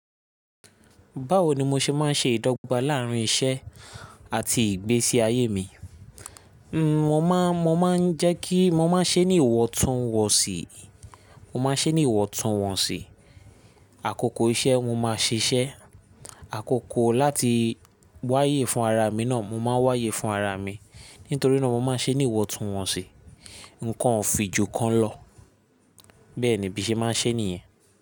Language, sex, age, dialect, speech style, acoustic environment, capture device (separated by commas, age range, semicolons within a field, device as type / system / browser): Yoruba, male, 25-34, Nigeria - Lagos Yoruba, happy; focused; excited; relaxed, silence, mobile / Linux / Chrome